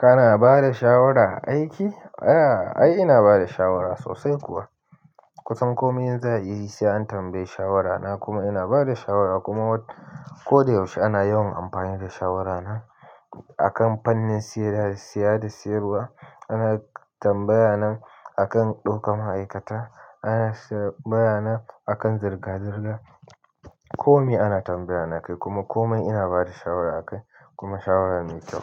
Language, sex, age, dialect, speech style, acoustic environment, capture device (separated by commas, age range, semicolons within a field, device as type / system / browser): Hausa, male, 25-34, Nigeria - Nigerian Standard, relaxed, silence, mobile / Linux / Chrome